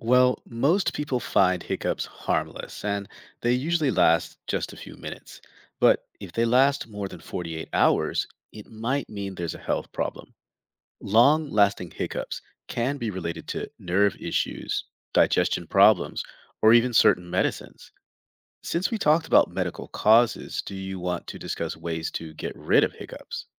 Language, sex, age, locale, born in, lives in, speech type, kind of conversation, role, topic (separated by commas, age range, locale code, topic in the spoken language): English, male, 45-49, en-US, United States, United States, read, expert-assistant, expert, What triggers hiccups and how can they be prevented or managed?